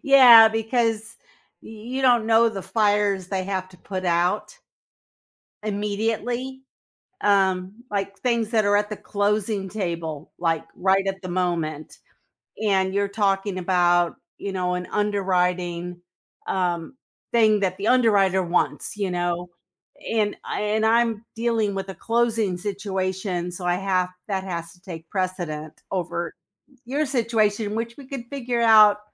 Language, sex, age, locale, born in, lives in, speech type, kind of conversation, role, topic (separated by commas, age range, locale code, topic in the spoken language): English, female, 60-64, en-US, United States, United States, conversation, podcast, host, How do you find effective ways to manage stress in your daily life?